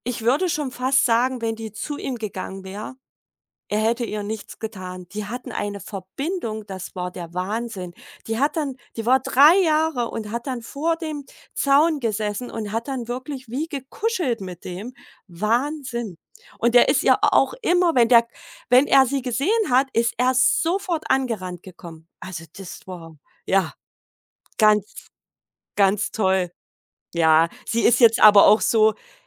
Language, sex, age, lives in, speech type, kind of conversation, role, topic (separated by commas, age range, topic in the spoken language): German, female, 40-44, Germany, conversation, podcast, guest, Welche Erinnerung an die Natur aus deiner Kindheit ist dir bis heute besonders präsent?